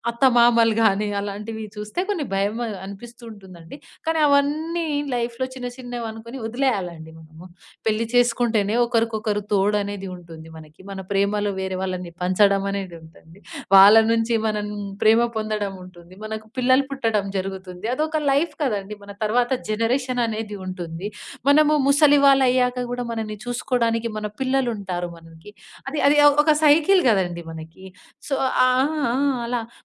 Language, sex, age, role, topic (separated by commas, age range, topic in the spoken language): Telugu, female, 20-24, guest, పెళ్లి చేసుకోవాలా లేక ఒంటరిగా ఉండాలా అనే నిర్ణయం గురించి మీరు ఎలా ఆలోచిస్తారు?